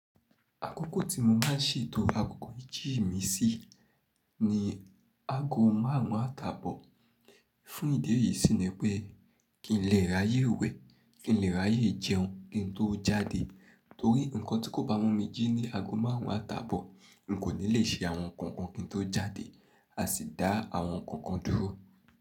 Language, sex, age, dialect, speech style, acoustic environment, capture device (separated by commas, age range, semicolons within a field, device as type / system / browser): Yoruba, male, 25-34, Nigeria - Nigerian Standard, happy, people talking, mobile / Linux / Chrome